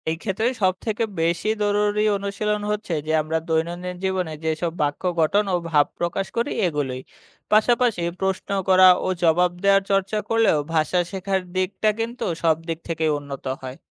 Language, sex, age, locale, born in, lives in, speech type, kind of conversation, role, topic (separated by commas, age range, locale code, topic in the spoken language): Bengali, male, 25-29, bn-BD, Bangladesh, Bangladesh, read, expert-assistant, expert, নতুন শিখতে থাকা ভাষার শব্দ আর নিয়মগুলো সহজে মনে রাখার জন্য কীভাবে একটা সাজানো পরিকল্পনা করা যায়?